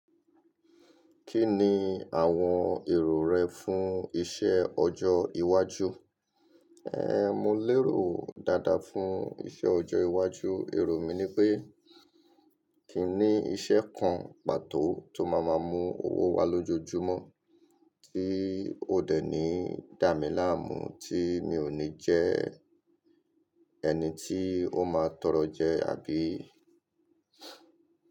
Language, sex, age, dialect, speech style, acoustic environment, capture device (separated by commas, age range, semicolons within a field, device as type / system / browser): Yoruba, male, 35-44, Nigeria - Lagos Yoruba, happy, people talking, mobile / Linux / Chrome